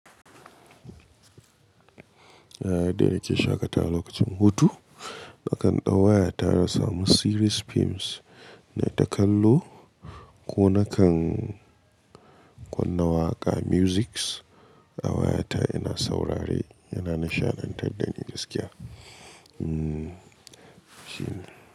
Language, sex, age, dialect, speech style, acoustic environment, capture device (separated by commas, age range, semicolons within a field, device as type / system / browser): Hausa, male, 25-34, Nigeria - Katsina, happy, nature, mobile / Linux / Chrome